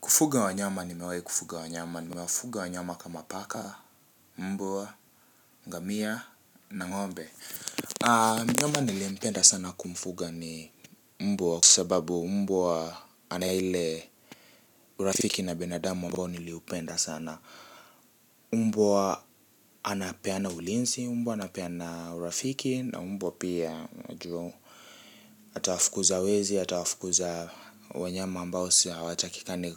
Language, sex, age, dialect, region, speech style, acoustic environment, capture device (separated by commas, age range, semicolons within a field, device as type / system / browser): Swahili, male, 18-24, Kenya - Nairobi Sheng-influenced, Kenya, happy; relaxed, people talking; appliances, mobile / Linux / Chrome